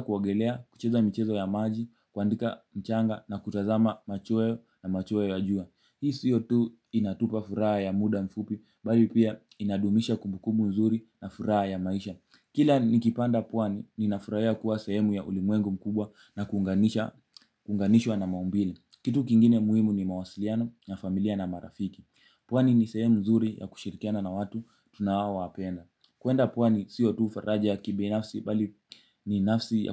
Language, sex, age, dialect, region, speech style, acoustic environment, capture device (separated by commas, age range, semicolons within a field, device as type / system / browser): Swahili, male, 18-24, Kenya - Mombasa, Kenya, happy, nature, mobile / Linux / Chrome